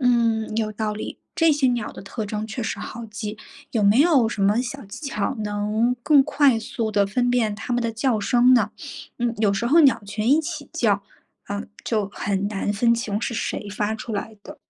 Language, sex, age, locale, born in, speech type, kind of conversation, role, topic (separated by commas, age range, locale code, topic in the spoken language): Chinese, female, 30-34, zh-CN, China, read, expert-assistant, asker, 想更好地发现身边的动植物，有哪些有趣又实用的小技巧可以尝试？